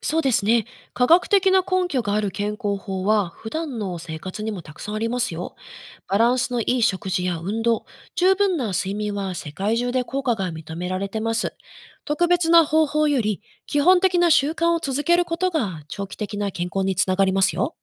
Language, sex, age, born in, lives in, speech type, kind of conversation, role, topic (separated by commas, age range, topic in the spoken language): Japanese, female, 35-39, Japan, Japan, read, expert-assistant, expert, インターネットやテレビで紹介される健康法の中で、本当に信じてよいものはどうやって見分けますか？